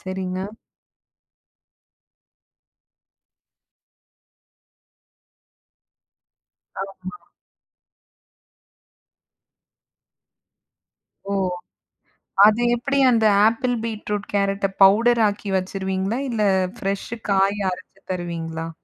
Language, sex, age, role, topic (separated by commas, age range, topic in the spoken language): Tamil, female, 35-39, host, குழந்தைகளுக்கான உணவை நீங்கள் எப்படிப் பராமரிக்கிறீர்கள்?